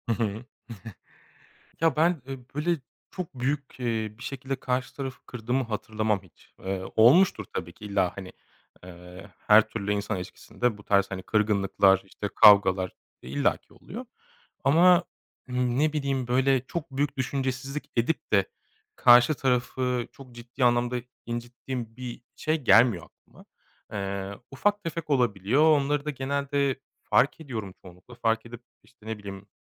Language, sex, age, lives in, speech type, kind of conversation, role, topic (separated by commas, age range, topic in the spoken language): Turkish, male, 35-39, Germany, conversation, podcast, guest, Kendi kabuğundan çıkmak zor geldiğinde ne yaparsın?